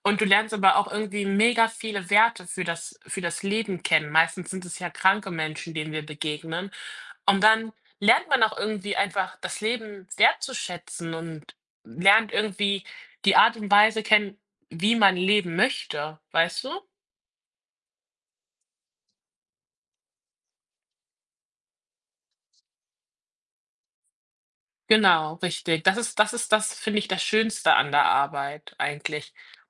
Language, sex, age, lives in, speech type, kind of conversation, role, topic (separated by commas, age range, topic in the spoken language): German, female, 20-24, Germany, conversation, unstructured, none, Was magst du an deinem Job am meisten?